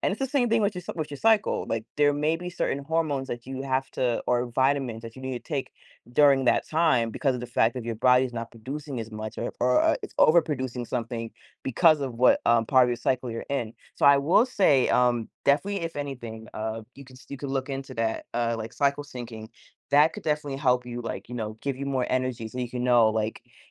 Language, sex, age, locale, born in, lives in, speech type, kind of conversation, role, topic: English, female, 30-34, en-US, United States, United States, conversation, advice, advisor, How can I balance work and personal life better?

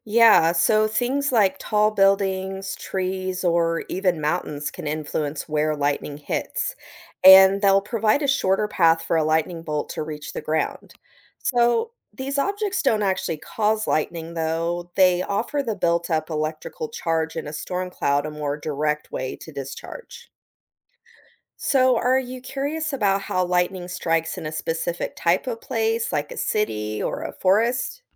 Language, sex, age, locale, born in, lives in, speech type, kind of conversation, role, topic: English, female, 40-44, en-US, United States, United States, read, expert-assistant, expert, How does lightning form and affect buildings during thunderstorms?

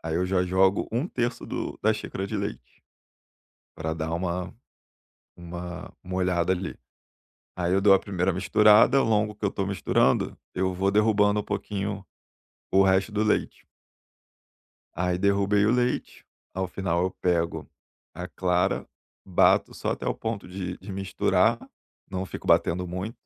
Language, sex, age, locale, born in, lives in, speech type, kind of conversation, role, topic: Portuguese, male, 35-39, pt-BR, Brazil, Germany, conversation, podcast, guest, Qual receita da sua família passou de geração em geração?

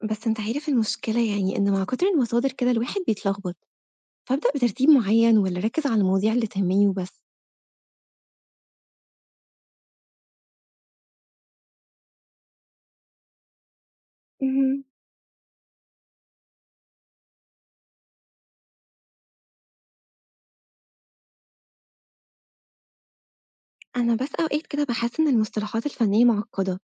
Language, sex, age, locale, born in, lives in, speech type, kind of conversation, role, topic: Arabic, female, 25-29, ar-EG, Egypt, Egypt, read, expert-assistant, asker, لو أنا عايز أبدأ أفهم وأحلل اللوحات أو التماثيل، أبتدي منين وأستخدم أي طرق عملية؟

